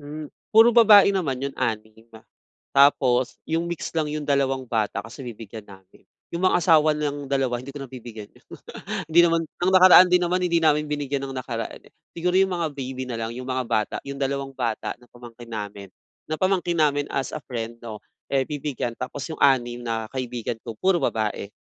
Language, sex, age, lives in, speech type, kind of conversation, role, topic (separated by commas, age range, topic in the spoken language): Filipino, male, 25-29, Philippines, conversation, advice, user, Paano ako pipili ng angkop na regalong magugustuhan ng lahat?